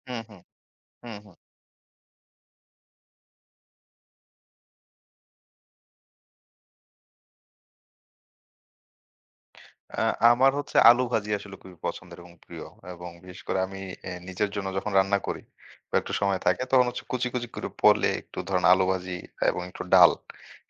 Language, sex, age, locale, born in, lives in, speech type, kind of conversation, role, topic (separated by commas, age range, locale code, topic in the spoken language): Bengali, male, 25-29, bn-BD, Bangladesh, Bangladesh, conversation, podcast, guest, বেসিক রান্নার কৌশল শেখার অভিজ্ঞতা তোমার কেমন ছিল?